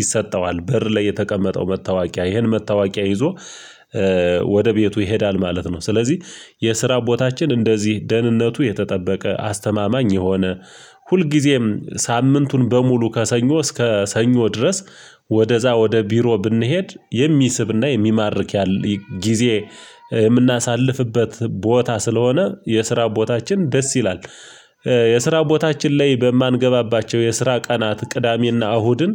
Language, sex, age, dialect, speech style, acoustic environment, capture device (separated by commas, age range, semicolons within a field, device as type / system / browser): Amharic, male, 25-34, Ethiopia - Gojjam, excited, silence, mobile / Linux / Chrome